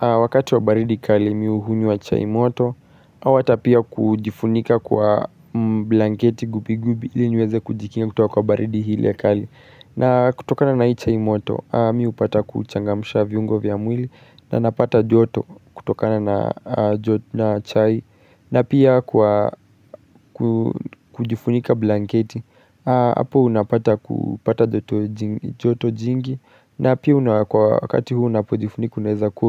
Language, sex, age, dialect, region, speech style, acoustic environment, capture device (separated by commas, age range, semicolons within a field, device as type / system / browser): Swahili, male, 35-44, Kenya - Nairobi Sheng-influenced, Kenya, relaxed, silence, mobile / Linux / Chrome